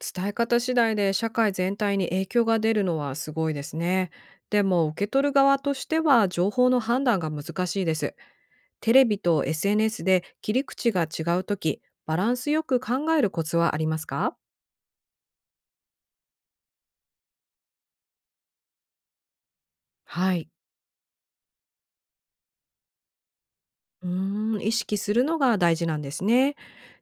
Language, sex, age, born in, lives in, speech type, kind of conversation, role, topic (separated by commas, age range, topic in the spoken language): Japanese, female, 45-49, Japan, United States, read, expert-assistant, asker, 同じニュースでも、異なる伝え方や切り口を考えると、どんな影響や変化があるでしょうか？